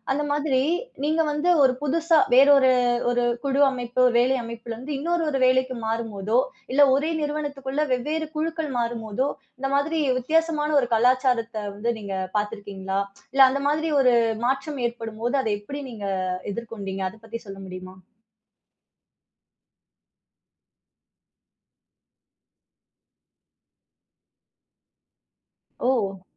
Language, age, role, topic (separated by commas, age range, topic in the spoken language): Tamil, 30-34, host, வேலை இடத்தின் கலாச்சாரம் மாறும்போது நீங்கள் எப்படி தங்களைத் தகுத்துக் கொள்கிறீர்கள்?